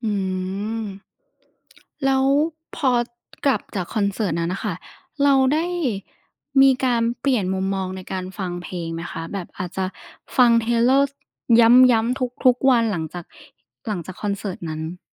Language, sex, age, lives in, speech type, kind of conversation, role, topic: Thai, female, 20-24, Thailand, conversation, podcast, host, คุณเคยมีช่วงเวลาในคอนเสิร์ตที่ซาบซึ้งจนร้องไห้ไหม?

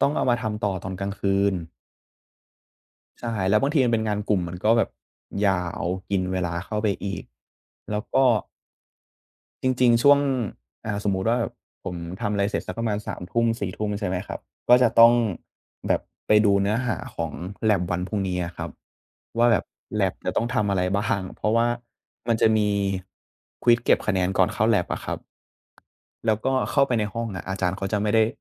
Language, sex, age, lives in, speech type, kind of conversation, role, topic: Thai, male, 20-24, Thailand, conversation, advice, user, ทำอย่างไรดีถ้าคุณไม่มีเวลาให้งานอดิเรกเลย?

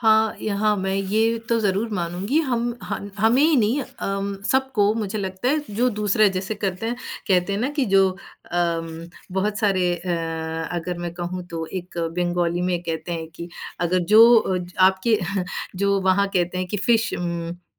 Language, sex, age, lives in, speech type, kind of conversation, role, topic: Hindi, female, 40-44, United States, conversation, podcast, guest, किसी नए समाज की संस्कृति अपनाते समय आप सबसे पहला कदम क्या उठाते हैं?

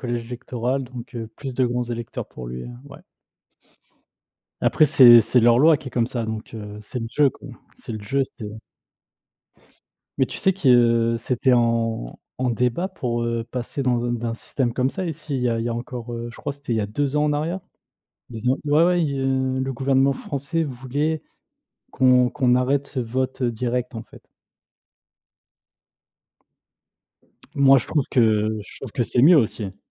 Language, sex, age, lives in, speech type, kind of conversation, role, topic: French, male, 30-34, France, conversation, unstructured, none, Que penses-tu de l’importance du vote dans une démocratie ?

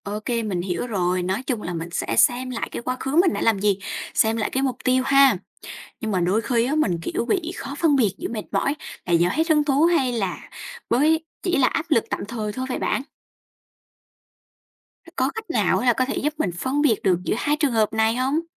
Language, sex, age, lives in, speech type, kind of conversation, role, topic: Vietnamese, female, 20-24, Vietnam, read, expert-assistant, asker, Làm sao để sáng tạo ra những mục tiêu vừa thực tế vừa truyền cảm hứng cho bản thân?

